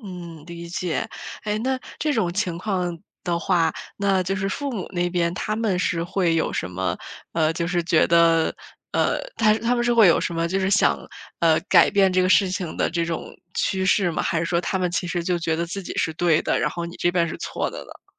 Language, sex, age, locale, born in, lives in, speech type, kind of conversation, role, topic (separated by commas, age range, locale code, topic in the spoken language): Chinese, female, 25-29, zh-CN, China, United States, conversation, advice, advisor, 我们总是反复争吵、无法有效沟通，该怎么办？